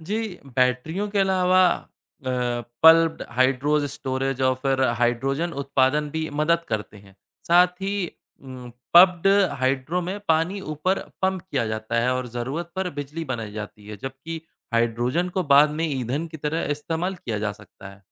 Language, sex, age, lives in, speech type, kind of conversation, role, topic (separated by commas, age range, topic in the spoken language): Hindi, male, 30-34, India, read, expert-assistant, expert, क्या नवीकरणीय ऊर्जा भारत के लिए पारंपरिक ऊर्जा का बेहतर विकल्प है?